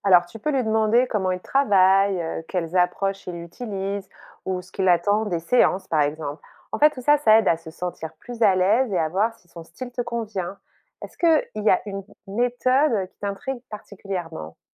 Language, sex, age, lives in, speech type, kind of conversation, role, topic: French, female, 45-49, France, read, expert-assistant, expert, Peut-on vraiment faire confiance aux conseils en santé mentale qu’on voit dans les podcasts populaires ?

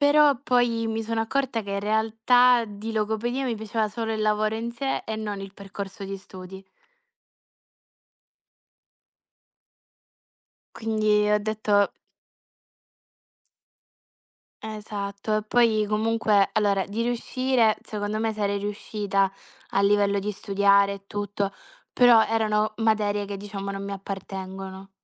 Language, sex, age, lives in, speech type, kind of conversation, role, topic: Italian, female, 20-24, Italy, conversation, unstructured, none, Come immagini la tua vita tra dieci anni?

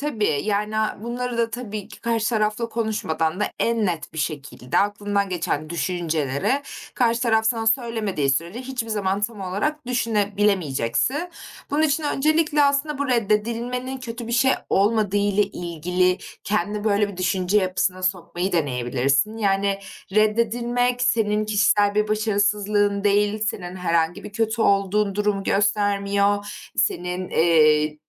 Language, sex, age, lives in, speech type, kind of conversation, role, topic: Turkish, female, 25-29, Germany, conversation, advice, advisor, Reddedilmekten korktuğum için neden flört etmeye cesaret edemiyorum?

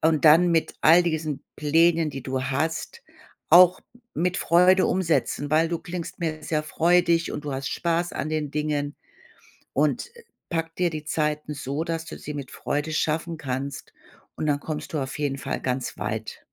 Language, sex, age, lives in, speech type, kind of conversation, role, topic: German, female, 55-59, Germany, conversation, advice, advisor, Wie finde ich das richtige Gleichgewicht zwischen Freizeit und Produktivität?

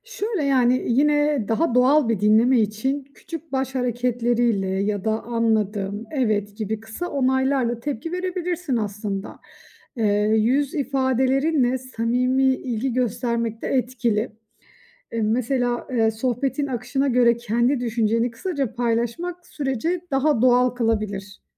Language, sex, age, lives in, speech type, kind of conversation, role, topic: Turkish, female, 35-39, Austria, read, expert-assistant, expert, İlişkilerde aktif dinleme becerileri nasıl geliştirilir ve günlük hayatta hangi pratiklerle uygulanabilir?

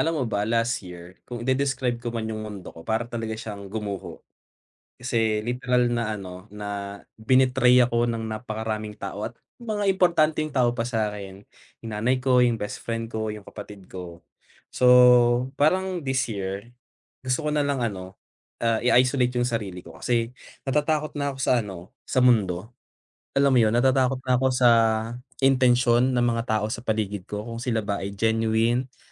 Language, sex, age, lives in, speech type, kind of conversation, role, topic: Filipino, male, 25-29, Philippines, conversation, advice, user, Paano ko mapapalakas ang loob ko para harapin ang takot at alinlangan sa mundo ngayon?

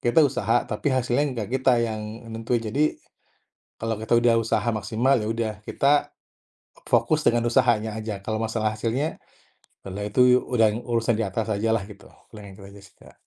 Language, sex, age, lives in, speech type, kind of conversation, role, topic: Indonesian, male, 35-39, Indonesia, conversation, podcast, guest, Bisakah kamu menceritakan satu kegagalan yang mengubah cara pandangmu, dan bagaimana kejadiannya?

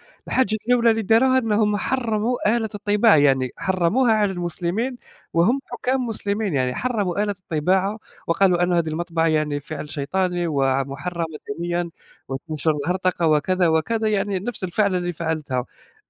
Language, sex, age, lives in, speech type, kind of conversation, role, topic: Arabic, male, 20-24, Germany, conversation, unstructured, none, إنت شايف إن الدين بيعمل نزاعات ولا بيحلّها؟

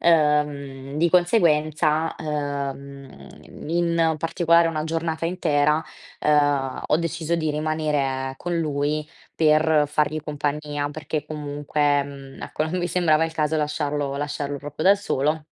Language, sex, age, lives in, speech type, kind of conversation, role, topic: Italian, female, 20-24, Italy, conversation, advice, user, Come posso pianificare meglio per affrontare imprevisti e ostacoli?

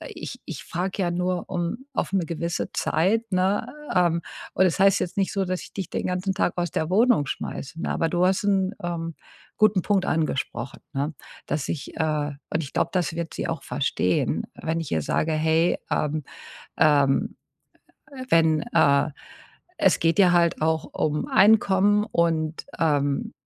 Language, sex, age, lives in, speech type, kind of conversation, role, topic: German, female, 50-54, United States, conversation, advice, user, Wie kläre ich Missverständnisse in einer Freundschaft, ohne Streit zu verursachen?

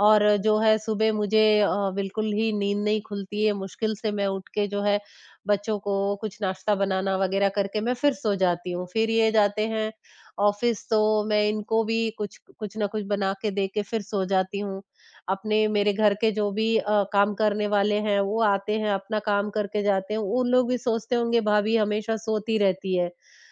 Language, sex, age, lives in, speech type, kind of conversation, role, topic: Hindi, female, 20-24, India, conversation, advice, user, मैं स्वस्थ दिनचर्या छोड़कर पुरानी बुरी आदतों पर फिर से क्यों लौट आता हूँ?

